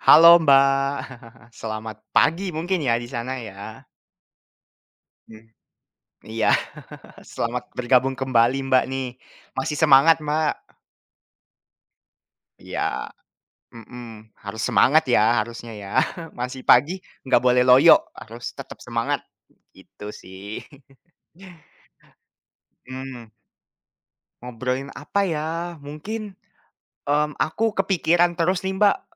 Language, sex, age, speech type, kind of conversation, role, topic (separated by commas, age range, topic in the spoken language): Indonesian, male, 20-24, conversation, unstructured, none, Masakan apa yang paling cocok disajikan untuk merayakan tahun baru?